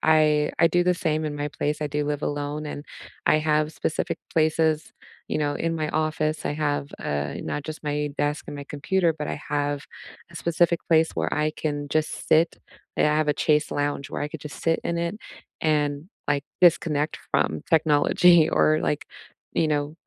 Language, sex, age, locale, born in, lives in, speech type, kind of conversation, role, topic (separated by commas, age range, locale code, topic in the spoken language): English, female, 30-34, en-US, United States, United States, conversation, unstructured, none, How has technology changed the way you learn, focus, and connect?